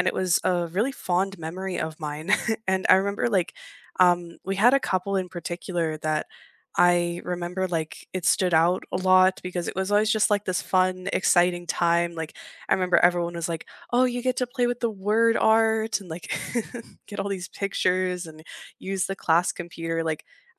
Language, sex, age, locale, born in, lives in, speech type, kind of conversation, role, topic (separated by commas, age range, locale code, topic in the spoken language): English, female, 25-29, en-US, United States, Canada, conversation, unstructured, none, What class project will you never forget, and what made it so meaningful for you?